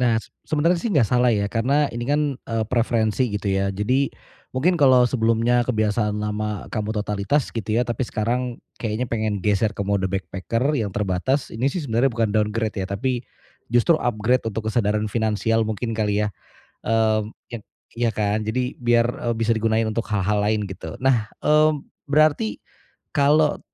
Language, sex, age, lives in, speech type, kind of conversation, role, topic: Indonesian, male, 35-39, Indonesia, conversation, advice, advisor, Bagaimana cara merencanakan liburan keluarga yang menyenangkan dengan anggaran sangat terbatas?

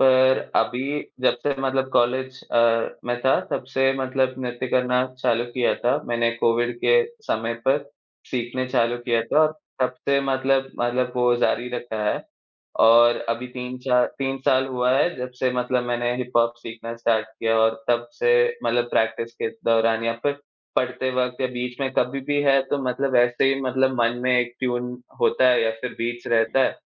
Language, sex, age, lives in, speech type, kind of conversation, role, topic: Hindi, male, 20-24, India, conversation, unstructured, none, संगीत सुनना और नृत्य करना, इनमें से आपको क्या अधिक आनंद देता है?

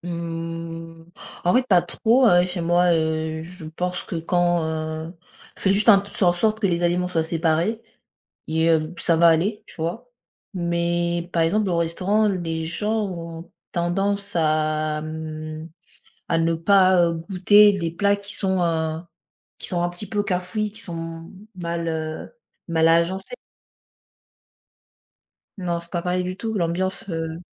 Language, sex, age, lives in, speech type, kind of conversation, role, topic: French, female, 20-24, France, conversation, unstructured, none, Est-ce que la présentation d’un plat peut te dégoûter ?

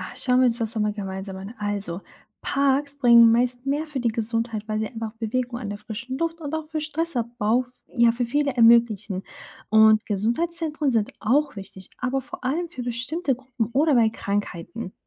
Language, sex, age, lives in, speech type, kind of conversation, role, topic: German, female, 25-29, Germany, read, expert-assistant, expert, Welche Gesundheitsmaßnahmen sollte eine Stadt zuerst umsetzen, um das Wohl ihrer Bürger am meisten zu verbessern?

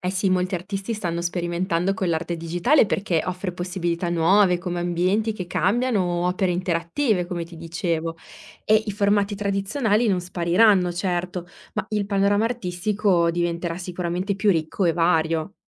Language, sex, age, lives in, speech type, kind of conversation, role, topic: Italian, female, 30-34, Italy, read, expert-assistant, expert, Quali sono i pro e i contro di visitare una mostra d’arte dal vivo rispetto a guardare opere online?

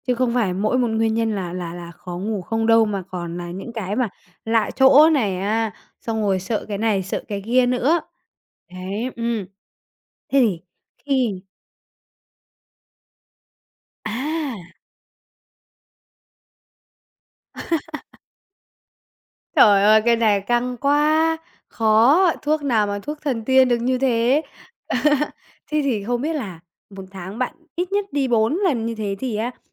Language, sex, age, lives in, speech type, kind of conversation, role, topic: Vietnamese, female, 45-49, Vietnam, conversation, advice, advisor, Làm sao khắc phục mất ngủ do lệch múi giờ sau chuyến bay?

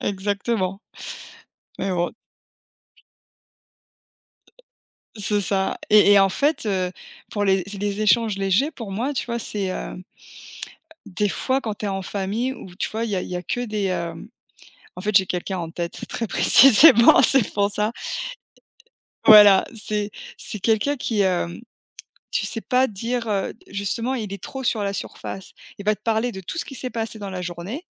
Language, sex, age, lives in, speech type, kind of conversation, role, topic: French, female, 40-44, United States, conversation, unstructured, none, Préférez-vous des discussions profondes ou des échanges légers pour socialiser ?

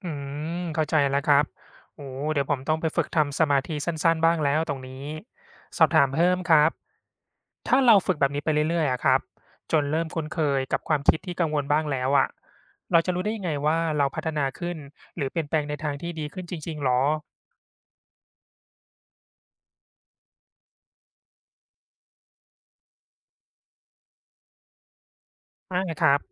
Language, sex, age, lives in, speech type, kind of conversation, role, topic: Thai, male, 35-39, Thailand, read, expert-assistant, asker, การรู้จักยอมรับความเปลี่ยนแปลงช่วยให้ปรับตัวได้ดีขึ้นอย่างไร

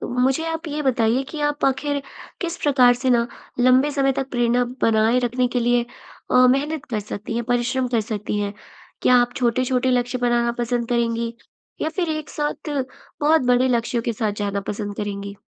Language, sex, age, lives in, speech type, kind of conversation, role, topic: Hindi, female, 20-24, India, conversation, advice, advisor, लंबे समय तक प्रेरणा बनाए रखने के लिए मैं क्या कर सकता/सकती हूँ?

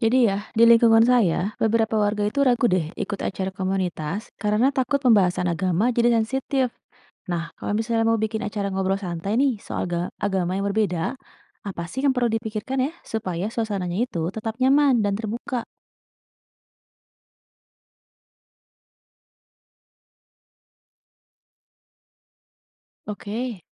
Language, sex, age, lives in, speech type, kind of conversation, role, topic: Indonesian, female, 35-39, Indonesia, read, expert-assistant, asker, Bagaimana saya bisa merancang acara ngobrol santai tentang agama-agama berbeda agar suasananya tetap nyaman dan terbuka?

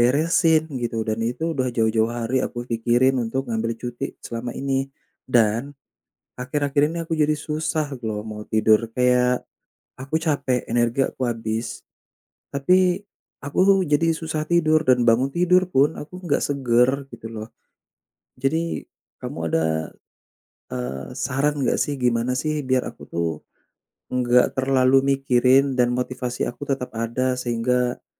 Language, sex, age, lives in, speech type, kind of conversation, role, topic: Indonesian, male, 30-34, Indonesia, conversation, advice, user, Mengapa saya merasa kelelahan secara kreatif dan kehilangan motivasi?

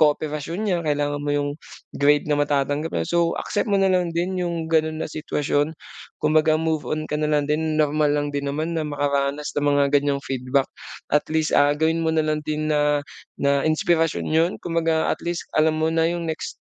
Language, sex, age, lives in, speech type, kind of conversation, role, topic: Filipino, male, 25-29, Philippines, conversation, advice, advisor, Paano ko tatanggapin ang puna upang magpatuloy sa paggawa?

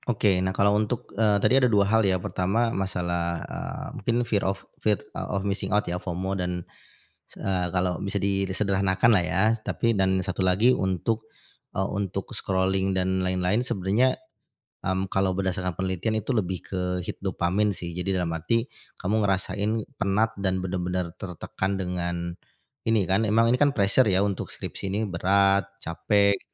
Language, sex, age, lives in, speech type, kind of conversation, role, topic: Indonesian, male, 40-44, Indonesia, conversation, advice, advisor, Bagaimana ponsel dan notifikasi sering mengganggu alur kerja Anda?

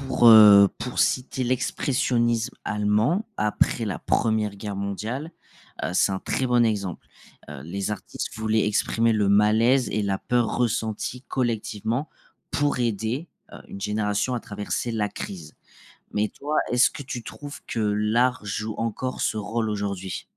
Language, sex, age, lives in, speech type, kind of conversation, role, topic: French, male, 25-29, France, read, expert-assistant, expert, Pourquoi l’art émeut-il différemment chaque personne ?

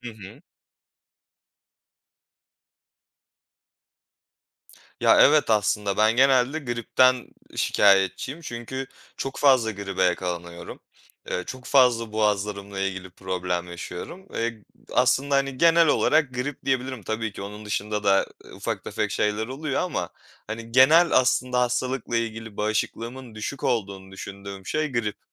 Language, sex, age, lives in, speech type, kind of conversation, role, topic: Turkish, male, 25-29, Poland, conversation, podcast, guest, Hastalık sonrası enerjiyi geri kazanmak için hangi adımları atıyorsun?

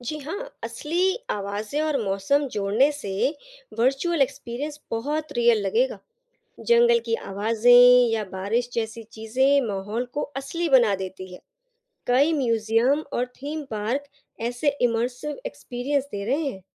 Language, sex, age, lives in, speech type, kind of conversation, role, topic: Hindi, female, 35-39, India, read, expert-assistant, expert, अगर आपको पुराने जमाने के डायनासोर की खोज करनी हो, तो आप कौन-कौन से नए और दिलचस्प तरीके आज़माना चाहेंगे?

